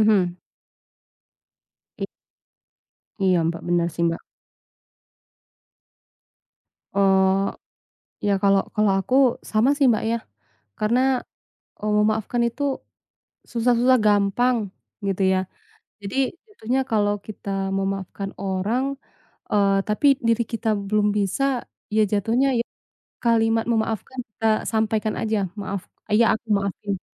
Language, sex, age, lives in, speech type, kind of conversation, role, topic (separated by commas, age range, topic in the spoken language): Indonesian, female, 25-29, Indonesia, conversation, unstructured, none, Mengapa sulit memaafkan orang yang telah menyakiti Anda?